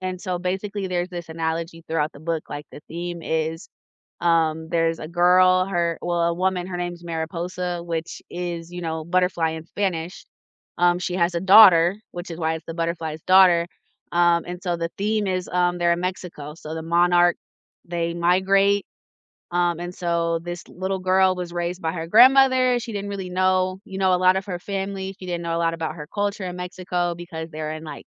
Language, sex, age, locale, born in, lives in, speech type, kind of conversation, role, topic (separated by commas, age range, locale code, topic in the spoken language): English, female, 30-34, en-US, United States, United States, conversation, unstructured, none, What is a movie or book that challenged the way you think?